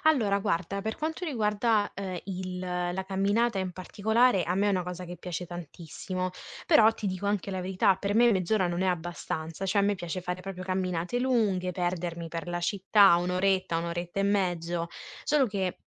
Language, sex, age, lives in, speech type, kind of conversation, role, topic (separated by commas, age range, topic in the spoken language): Italian, female, 25-29, Italy, conversation, advice, user, Come posso ritrovare la motivazione per andare in palestra o allenarmi con regolarità?